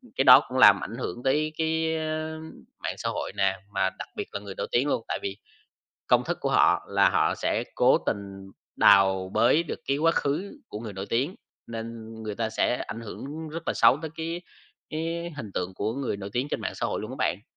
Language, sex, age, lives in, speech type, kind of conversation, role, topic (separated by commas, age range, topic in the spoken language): Vietnamese, male, 20-24, Vietnam, conversation, podcast, guest, Theo bạn, mạng xã hội đang thay đổi cách chúng ta nhìn nhận người nổi tiếng như thế nào?